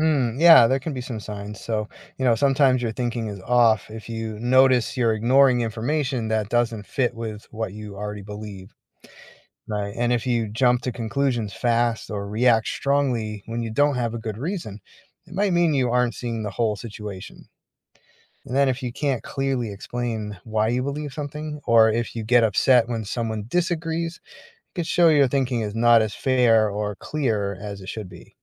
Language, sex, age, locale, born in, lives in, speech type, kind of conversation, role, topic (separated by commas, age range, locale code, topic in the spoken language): English, male, 45-49, en-US, United States, United States, read, expert-assistant, expert, Why is it important to develop critical thinking skills?